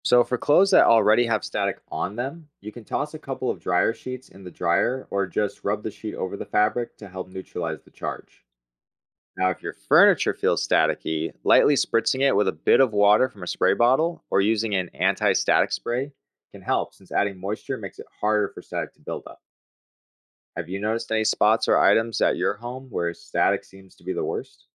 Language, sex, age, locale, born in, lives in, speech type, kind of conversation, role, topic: English, male, 25-29, en-US, United States, United States, read, expert-assistant, expert, What is static electricity and how does it form?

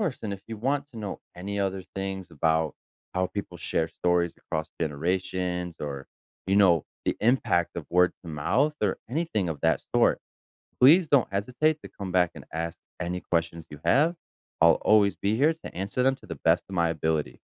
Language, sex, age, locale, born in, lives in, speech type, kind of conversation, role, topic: English, male, 30-34, en-US, United States, United States, read, expert-assistant, expert, How is knowledge shared between generations?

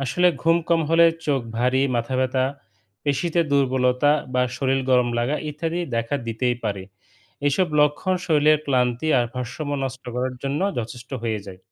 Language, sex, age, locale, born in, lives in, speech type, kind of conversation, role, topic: Bengali, male, 35-39, bn-BD, Bangladesh, Bangladesh, read, expert-assistant, expert, ঘুমের অভাবকে কীভাবে স্মার্টফোনের চার্জ ফুরিয়ে যাওয়ার সাথে তুলনা করা যায়?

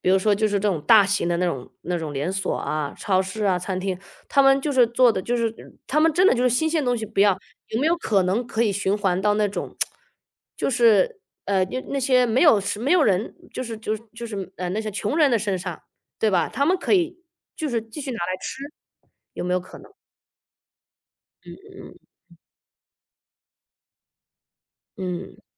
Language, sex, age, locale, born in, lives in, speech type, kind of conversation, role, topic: Chinese, female, 35-39, zh-CN, China, United States, conversation, podcast, host, 你有哪些减少食物浪费的实际做法或想法？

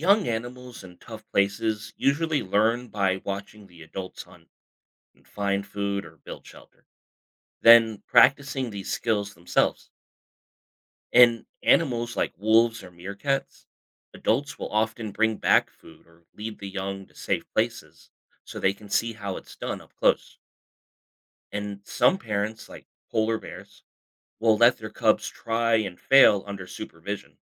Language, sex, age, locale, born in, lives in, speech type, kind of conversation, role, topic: English, male, 35-39, en-US, United States, United States, read, expert-assistant, expert, How do animals adapt to extreme environments?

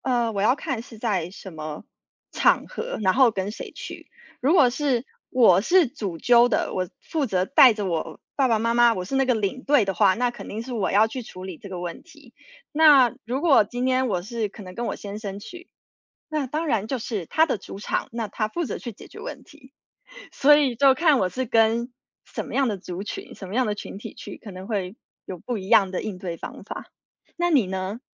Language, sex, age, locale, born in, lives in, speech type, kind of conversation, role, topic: Chinese, female, 30-34, zh-TW, United States, United States, conversation, unstructured, none, 你觉得什么样的旅行最让人难忘？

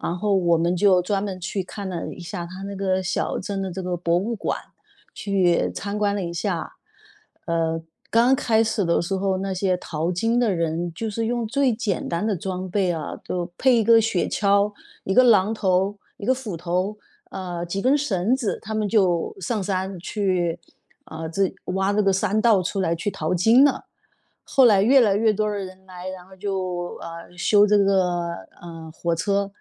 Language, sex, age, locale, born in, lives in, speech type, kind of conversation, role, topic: Chinese, female, 50-54, zh-CN, China, United States, conversation, podcast, guest, 你最难忘的一次旅行经历是什么？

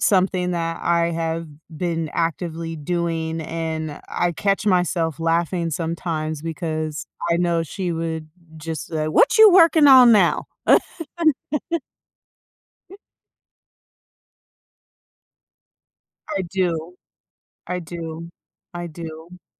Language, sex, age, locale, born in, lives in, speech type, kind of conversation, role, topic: English, female, 30-34, en-US, United States, United States, conversation, advice, user, How can I find hope and start moving forward after years of grieving?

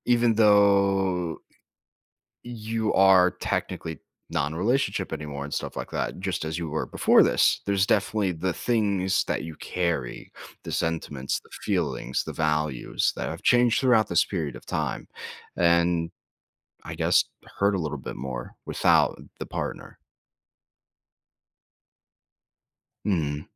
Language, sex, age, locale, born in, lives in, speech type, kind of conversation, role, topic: English, male, 20-24, en-US, United States, United States, conversation, advice, advisor, How can I cope after a recent breakup?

